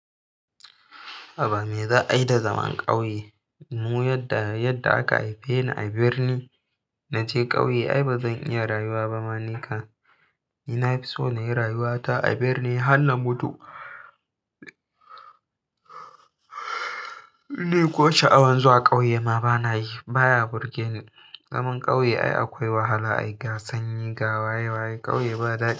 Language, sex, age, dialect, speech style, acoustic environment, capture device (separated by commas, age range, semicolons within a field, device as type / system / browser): Hausa, male, 18-24, Nigeria - Kano, happy, silence, mobile / Linux / Chrome